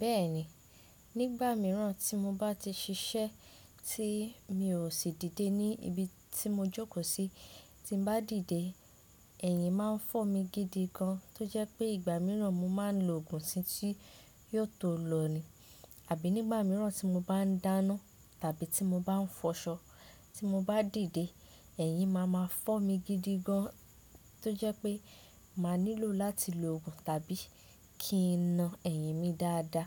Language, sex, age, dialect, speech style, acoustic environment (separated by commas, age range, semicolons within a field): Yoruba, female, 18-24, Nigeria - Ibadan, relaxed, nature